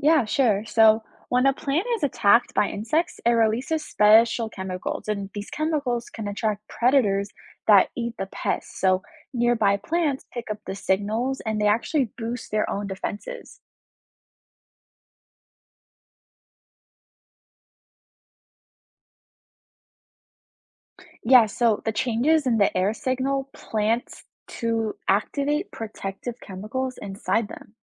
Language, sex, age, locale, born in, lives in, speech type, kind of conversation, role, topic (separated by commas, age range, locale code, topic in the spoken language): English, female, 20-24, en-US, United States, United States, read, expert-assistant, expert, How do plants communicate with each other?